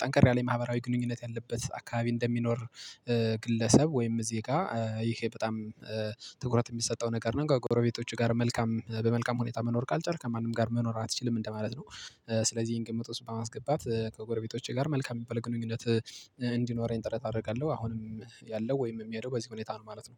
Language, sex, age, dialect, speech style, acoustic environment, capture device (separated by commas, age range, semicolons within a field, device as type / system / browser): Amharic, male, 25-34, Ethiopia - Gojjam, excited, silence, mobile / Linux / Chrome